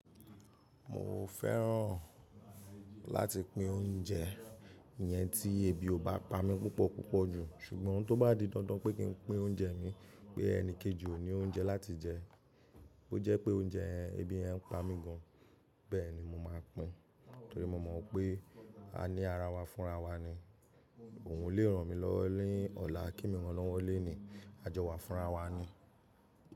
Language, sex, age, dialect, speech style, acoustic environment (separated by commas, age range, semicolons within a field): Yoruba, male, 25-34, Nigeria - Nigerian Standard, happy; excited; focused; relaxed, people talking; music; nature; silence; appliances